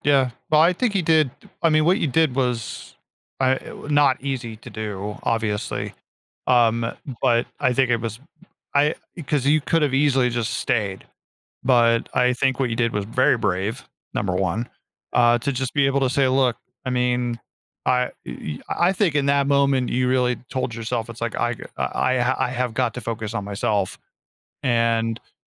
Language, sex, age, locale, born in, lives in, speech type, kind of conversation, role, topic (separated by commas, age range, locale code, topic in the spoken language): English, male, 40-44, en-US, United States, United States, conversation, advice, advisor, How can I rebuild trust after a friend's betrayal?